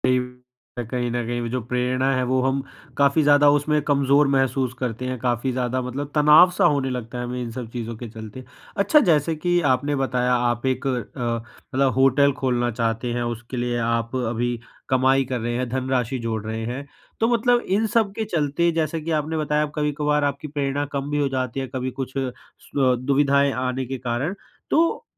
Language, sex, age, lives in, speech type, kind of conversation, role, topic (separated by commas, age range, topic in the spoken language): Hindi, male, 20-24, India, conversation, advice, advisor, मैं अपने लक्ष्य कैसे तय करूँ और प्रेरित कैसे बना रहूँ?